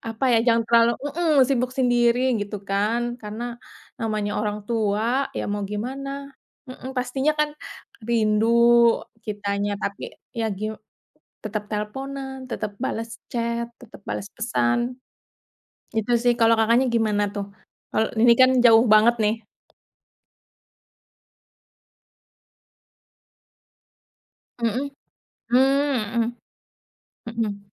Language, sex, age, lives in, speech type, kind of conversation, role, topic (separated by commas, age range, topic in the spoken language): Indonesian, female, 30-34, Indonesia, conversation, unstructured, none, Bagaimana perasaanmu saat harus berpisah jauh dari keluarga?